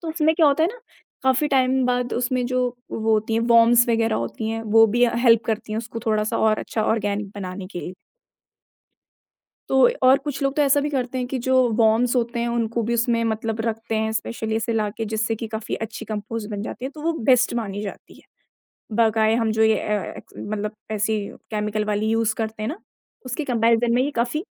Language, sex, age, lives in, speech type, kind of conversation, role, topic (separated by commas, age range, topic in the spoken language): Hindi, female, 20-24, India, conversation, podcast, guest, Composting शुरू करने के आसान और सरल कदम क्या हैं?